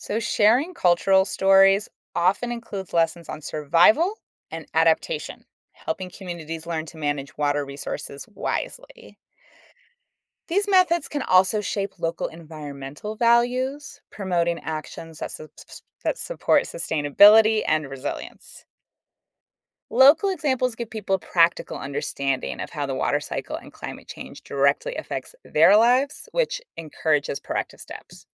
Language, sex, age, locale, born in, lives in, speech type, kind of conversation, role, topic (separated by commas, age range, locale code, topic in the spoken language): English, female, 35-39, en-US, United States, United States, read, expert-assistant, expert, What is the science behind the water cycle?